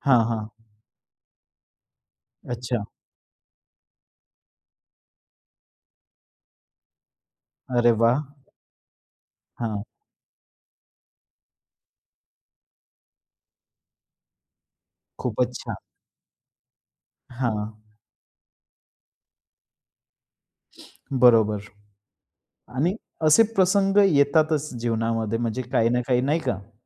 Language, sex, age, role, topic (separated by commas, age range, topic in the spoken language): Marathi, male, 25-29, host, दैनंदिन जीवनात स्वीकृतीचं एक साधं उदाहरण सांगू शकाल का?